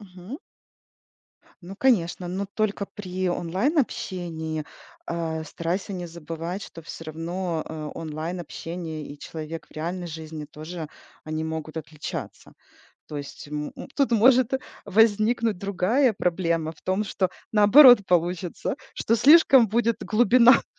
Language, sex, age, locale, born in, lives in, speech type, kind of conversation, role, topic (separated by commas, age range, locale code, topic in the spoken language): Russian, female, 40-44, ru-RU, Ukraine, United States, conversation, advice, advisor, Как мне оставаться собой в кругу друзей и знакомых?